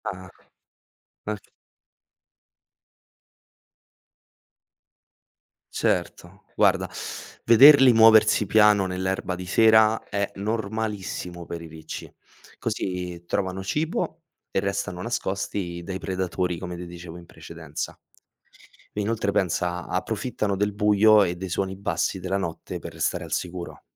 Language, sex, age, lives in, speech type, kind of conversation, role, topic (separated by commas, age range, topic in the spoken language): Italian, male, 25-29, Italy, read, expert-assistant, expert, Come funzionano le stagioni?